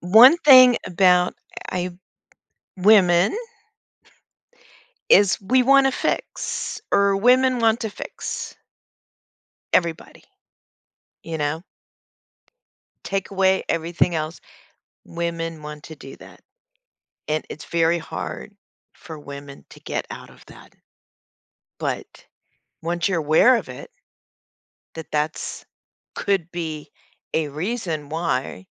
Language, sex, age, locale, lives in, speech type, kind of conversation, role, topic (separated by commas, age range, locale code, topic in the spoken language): English, female, 60-64, en-US, United States, conversation, advice, advisor, How can I stop repeatedly disappointing my partner and rebuild trust?